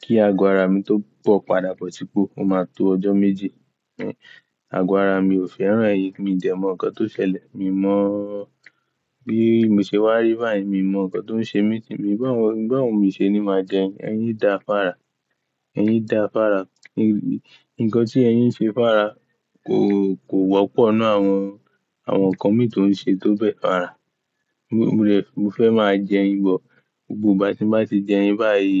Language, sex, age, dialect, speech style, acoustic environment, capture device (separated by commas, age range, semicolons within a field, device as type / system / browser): Yoruba, male, 18-24, Nigeria - Ibadan, happy, silence, mobile / Linux / Chrome